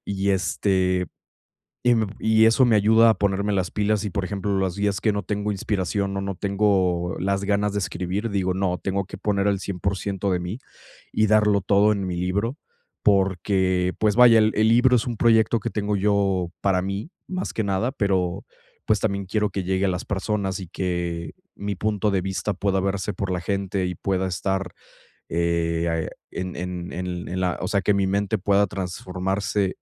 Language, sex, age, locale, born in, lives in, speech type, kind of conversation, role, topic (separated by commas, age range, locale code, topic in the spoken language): Spanish, male, 20-24, es-MX, Mexico, Mexico, conversation, advice, user, ¿Cómo puedo superar la procrastinación causada por el miedo al fracaso?